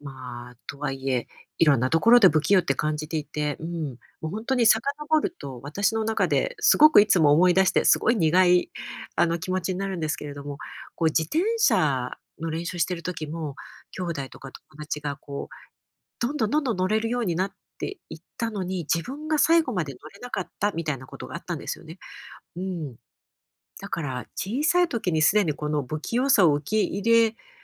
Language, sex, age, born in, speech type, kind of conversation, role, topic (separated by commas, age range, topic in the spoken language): Japanese, female, 50-54, Japan, conversation, advice, user, どうすれば自分の不器用さを受け入れられますか？